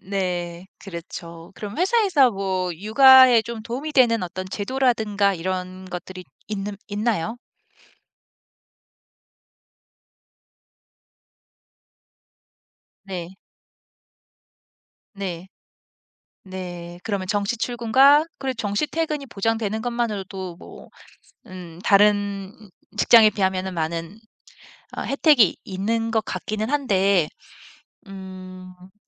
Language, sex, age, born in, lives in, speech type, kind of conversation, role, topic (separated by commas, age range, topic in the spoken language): Korean, female, 40-44, South Korea, United States, conversation, podcast, host, 일과 삶의 균형을 어떻게 맞추나요?